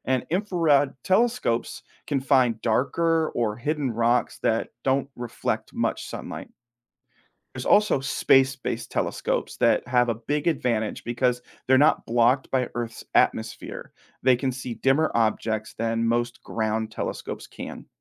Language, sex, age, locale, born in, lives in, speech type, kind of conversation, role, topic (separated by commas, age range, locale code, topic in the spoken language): English, male, 30-34, en-US, United States, United States, read, expert-assistant, expert, What is the difference between a comet and an asteroid?